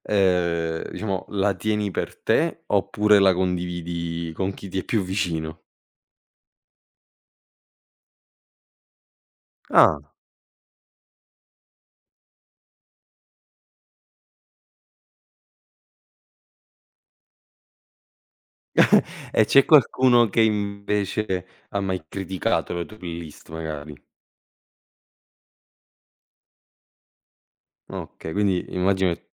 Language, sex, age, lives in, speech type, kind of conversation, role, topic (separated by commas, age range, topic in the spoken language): Italian, male, 25-29, Italy, conversation, podcast, host, C’è una canzone che ti fa tornare subito all’infanzia?